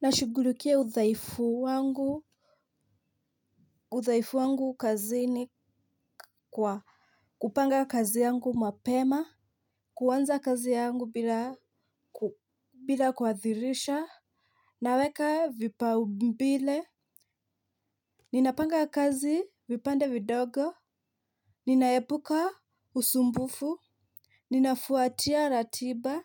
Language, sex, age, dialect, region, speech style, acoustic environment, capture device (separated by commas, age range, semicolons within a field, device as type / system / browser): Swahili, female, 25-34, Kenya - Mombasa, Kenya, happy; nervous; focused, nature, mobile / Linux / Chrome